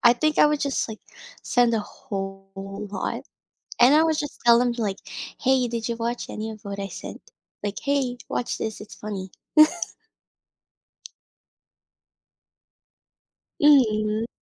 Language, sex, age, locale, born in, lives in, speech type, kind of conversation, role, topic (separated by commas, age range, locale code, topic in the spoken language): English, female, 20-24, en-US, Philippines, United States, conversation, advice, user, How can I deepen my friendship after reconnecting with an old friend?